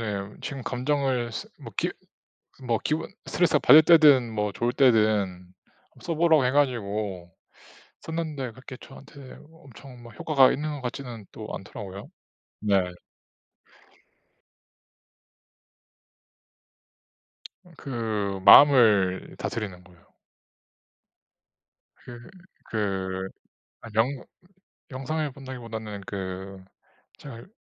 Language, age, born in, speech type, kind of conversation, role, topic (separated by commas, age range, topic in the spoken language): Korean, 30-34, South Korea, conversation, unstructured, none, 힘들 때 스스로를 어떻게 다독이나요?